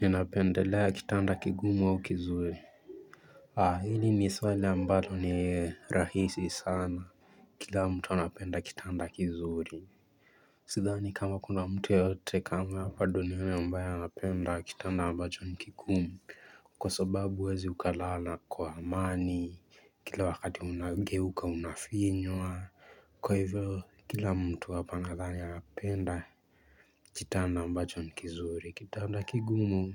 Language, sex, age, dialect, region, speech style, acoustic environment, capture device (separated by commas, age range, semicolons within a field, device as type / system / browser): Swahili, male, 18-24, Kenya - Mombasa, Kenya, relaxed, people talking, mobile / Linux / Chrome